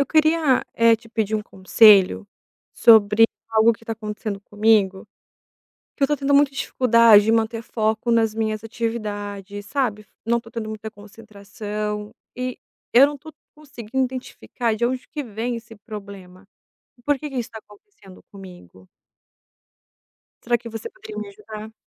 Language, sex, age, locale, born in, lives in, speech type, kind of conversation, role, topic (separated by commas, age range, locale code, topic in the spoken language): Portuguese, female, 30-34, pt-BR, Brazil, Italy, conversation, advice, user, Como posso organizar blocos de trabalho concentrado para manter o foco por horas?